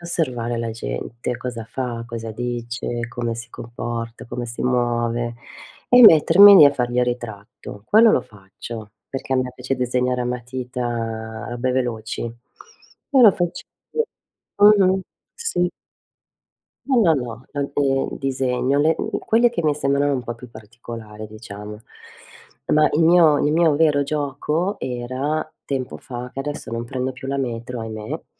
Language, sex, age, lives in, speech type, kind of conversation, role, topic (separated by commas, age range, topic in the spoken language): Italian, female, 50-54, Italy, conversation, unstructured, none, Come scegli i tuoi hobby per arricchire la tua vita?